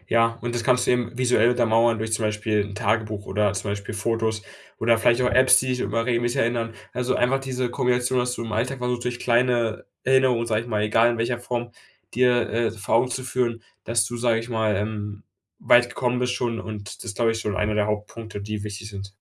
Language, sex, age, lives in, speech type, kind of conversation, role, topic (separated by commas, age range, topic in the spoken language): German, male, 18-19, Germany, conversation, advice, advisor, Wie kann ich kleine Fortschritte besser wahrnehmen und feiern?